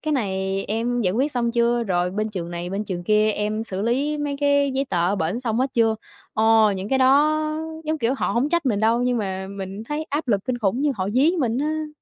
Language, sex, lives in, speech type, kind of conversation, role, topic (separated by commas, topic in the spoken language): Vietnamese, female, Vietnam, conversation, advice, user, Làm sao để bớt cảm giác tội lỗi khi nghỉ giữa giờ và an tâm hơn?